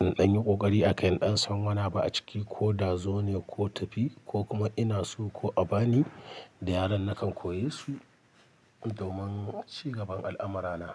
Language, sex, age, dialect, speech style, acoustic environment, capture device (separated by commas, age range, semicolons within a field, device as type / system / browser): Hausa, male, 35-44, Nigeria - Kano, happy, nature, mobile / Linux / Chrome